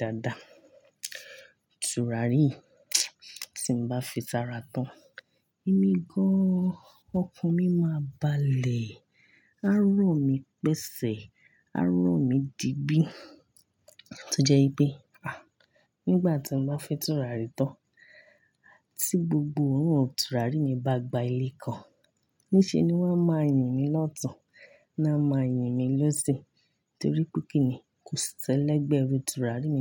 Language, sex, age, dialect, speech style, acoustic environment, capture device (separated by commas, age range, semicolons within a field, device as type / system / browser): Yoruba, female, 25-34, Nigeria - Ibadan, happy; excited; relaxed; focused, nature; silence, mobile / Linux / Chrome